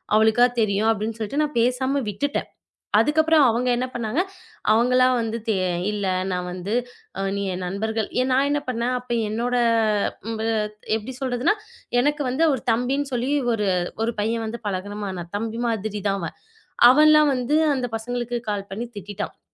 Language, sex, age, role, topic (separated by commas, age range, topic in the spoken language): Tamil, female, 25-29, guest, எதிர்பக்கம் உங்கள் எல்லைகளை மதிக்காவிட்டால் நீங்கள் என்ன நடவடிக்கை எடுப்பீர்கள்?